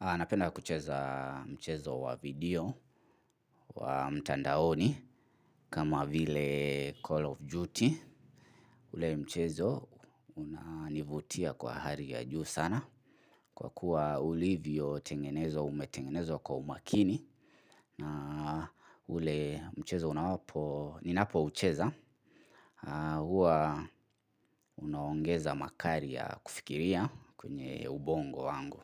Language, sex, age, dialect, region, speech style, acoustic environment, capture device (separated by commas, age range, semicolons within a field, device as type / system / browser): Swahili, male, 25-34, Kenya - Nairobi Sheng-influenced, Kenya, focused, silence, mobile / Linux / Chrome